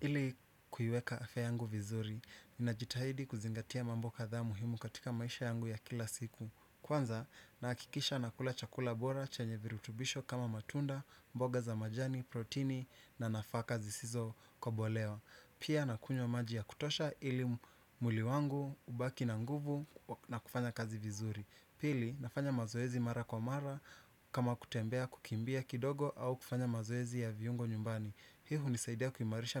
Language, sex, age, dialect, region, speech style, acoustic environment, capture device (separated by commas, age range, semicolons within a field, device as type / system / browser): Swahili, male, 25-34, Kenya - Nairobi Sheng-influenced, Kenya, anxious; relaxed; nervous, nature; appliances, desktop / Windows / Chrome